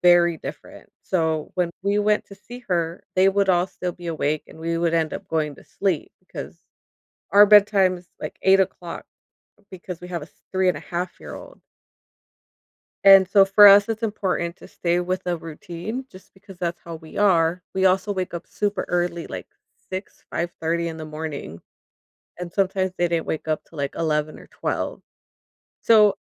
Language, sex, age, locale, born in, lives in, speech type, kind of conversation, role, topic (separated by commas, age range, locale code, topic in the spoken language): English, female, 35-39, en-US, United States, United States, conversation, advice, user, How can I stay calm during a tough conversation?